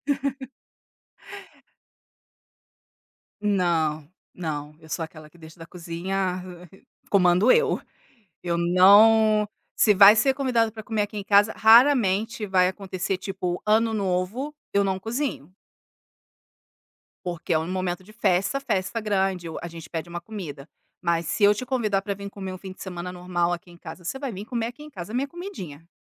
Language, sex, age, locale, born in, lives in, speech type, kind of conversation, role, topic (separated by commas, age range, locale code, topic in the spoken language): Portuguese, female, 40-44, pt-BR, Brazil, Italy, conversation, podcast, guest, Como você organiza a sua semana para ter tempo de cozinhar?